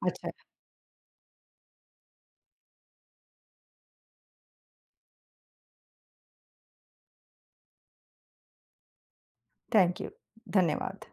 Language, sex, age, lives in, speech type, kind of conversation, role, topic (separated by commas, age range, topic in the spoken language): Hindi, female, 50-54, United States, conversation, unstructured, none, आपकी ज़िंदगी में तकनीक ने कैसे बदलाव लाया है?